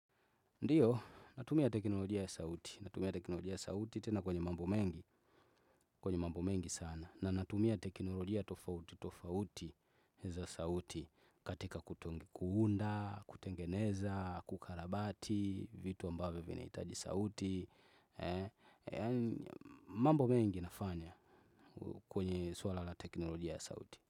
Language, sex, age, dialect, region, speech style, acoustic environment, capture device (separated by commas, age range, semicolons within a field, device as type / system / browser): Swahili, male, 25-34, Tanzania - Dar es Salaam, Tanzania, relaxed, nature, desktop / Windows / Chrome